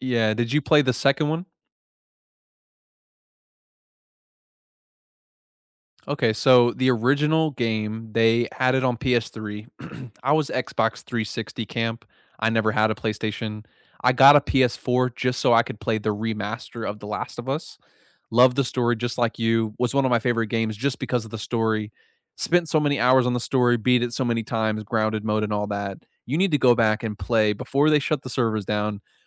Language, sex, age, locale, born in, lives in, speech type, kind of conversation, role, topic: English, male, 55-59, en-US, United States, United States, conversation, unstructured, none, Which video games do you two love playing together after dinner, and how do they bring you closer?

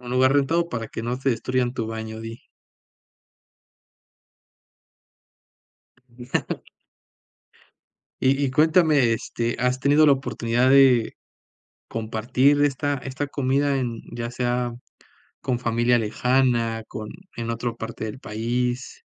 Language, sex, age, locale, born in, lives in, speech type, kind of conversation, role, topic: Spanish, male, 25-29, es-MX, Mexico, Mexico, conversation, podcast, host, ¿Qué comida casera te alegra al instante?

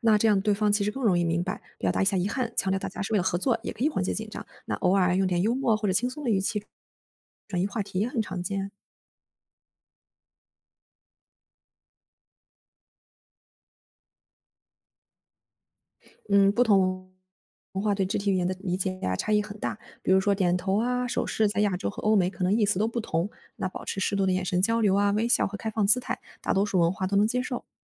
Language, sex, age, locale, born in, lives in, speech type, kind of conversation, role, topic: Chinese, female, 25-29, zh-CN, China, Germany, read, expert-assistant, expert, 和说不同母语的人交流时，如何避免语言上的尴尬或误解？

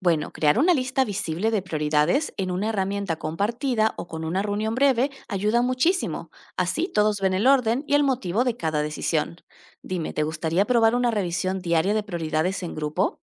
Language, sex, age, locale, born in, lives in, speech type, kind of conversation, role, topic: Spanish, female, 30-34, es-AR, Argentina, Netherlands, read, expert-assistant, expert, Cuando todo parece urgente en operaciones, ¿cómo decides qué atender primero?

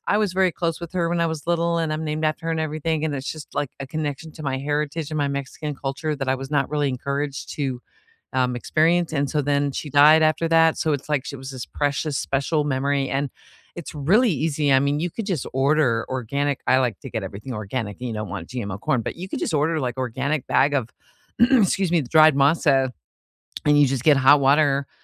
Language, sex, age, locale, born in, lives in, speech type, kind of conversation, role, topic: English, female, 55-59, en-US, United States, United States, conversation, unstructured, none, What is a recipe you can cook from memory?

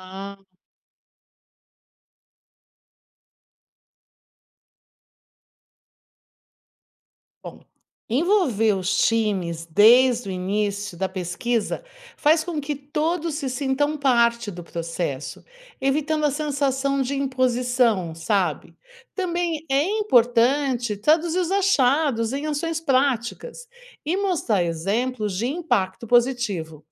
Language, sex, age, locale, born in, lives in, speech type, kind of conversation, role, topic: Portuguese, female, 60-64, pt-BR, Brazil, United States, read, expert-assistant, expert, Quais os prós e contras de contratar antropólogos para ajudar empresas a entender melhor seus clientes?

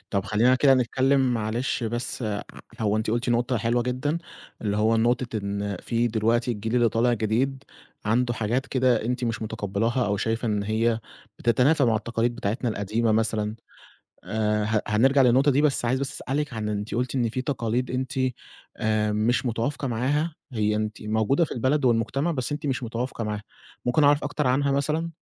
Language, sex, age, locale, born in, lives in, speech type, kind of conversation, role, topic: Arabic, male, 20-24, ar-EG, Egypt, Egypt, conversation, podcast, host, إيه هي التقاليد اللي اتربّيت عليها، وإزاي لسه مأثرة فيك لحد دلوقتي؟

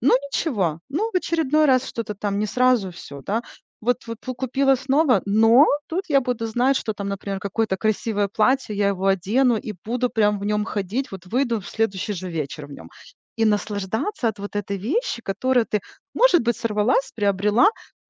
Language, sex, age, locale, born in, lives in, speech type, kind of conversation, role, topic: Russian, female, 40-44, ru-RU, Ukraine, United States, conversation, advice, advisor, Как мне контролировать покупки, когда я испытываю стресс?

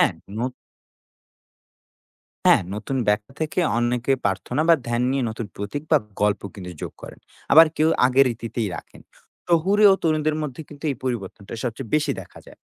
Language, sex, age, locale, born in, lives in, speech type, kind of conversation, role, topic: Bengali, male, 20-24, bn-BD, Bangladesh, Bangladesh, read, expert-assistant, expert, বৌদ্ধ ধর্মের প্রতিষ্ঠাতা গৌতম বুদ্ধ সম্পর্কে প্রচলিত কিছু গল্প যেমন 'বোধি গাছের নিচে জ্ঞানলাভ', এগুলো কি সত্যি ঐতিহাসিক ঘটনা না পরে সংযোজিত কিংবদন্তি?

